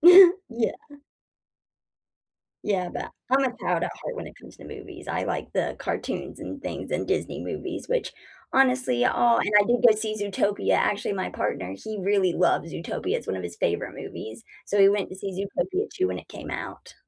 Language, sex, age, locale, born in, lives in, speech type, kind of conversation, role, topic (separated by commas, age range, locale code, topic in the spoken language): English, female, 25-29, en-US, United States, United States, conversation, unstructured, none, What kind of movies do you usually enjoy watching?